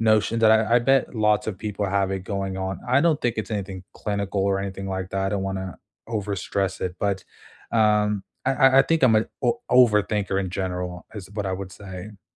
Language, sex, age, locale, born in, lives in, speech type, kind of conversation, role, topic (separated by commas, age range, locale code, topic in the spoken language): English, male, 25-29, en-US, United States, United States, conversation, unstructured, none, How do you deal with frustration when learning something difficult?